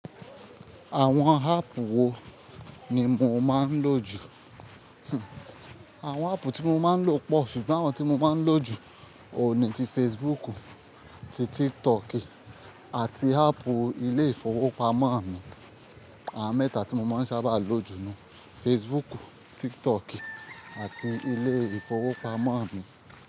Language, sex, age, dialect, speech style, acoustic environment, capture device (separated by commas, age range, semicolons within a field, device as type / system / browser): Yoruba, male, 25-34, Nigeria - Ibadan, focused, nature; people talking, mobile / Linux / Chrome